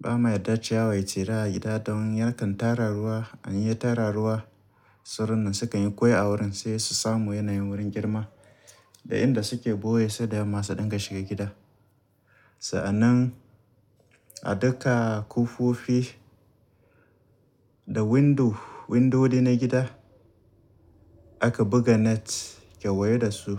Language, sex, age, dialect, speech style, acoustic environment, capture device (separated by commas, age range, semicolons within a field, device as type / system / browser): Hausa, male, 35-44, Nigeria - Kano, focused, appliances; people talking, mobile / Linux / Chrome